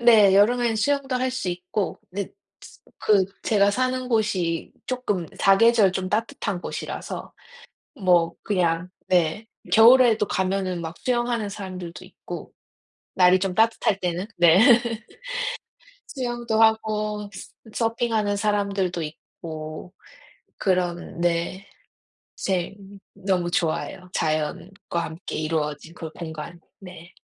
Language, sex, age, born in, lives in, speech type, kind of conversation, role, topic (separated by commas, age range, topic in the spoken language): Korean, female, 30-34, South Korea, United States, conversation, unstructured, none, 우리 동네에서 가장 좋아하는 장소는 어디인가요?